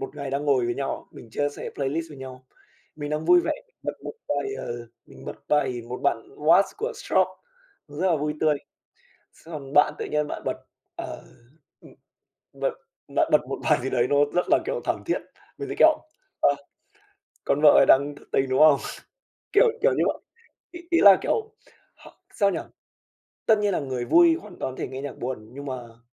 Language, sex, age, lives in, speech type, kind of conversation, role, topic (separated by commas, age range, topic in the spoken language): Vietnamese, male, 20-24, Vietnam, conversation, podcast, guest, Bạn nghĩ âm nhạc kết nối mọi người với nhau như thế nào?